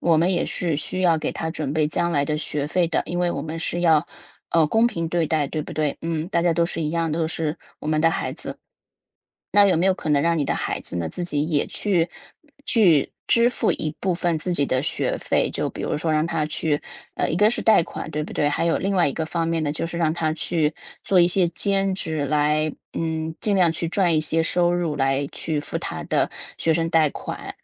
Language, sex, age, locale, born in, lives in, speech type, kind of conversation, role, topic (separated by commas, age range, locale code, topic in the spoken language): Chinese, female, 35-39, zh-CN, China, United States, conversation, advice, advisor, 你的财务状况突然发生变化后，是如何影响你的生活稳定性的？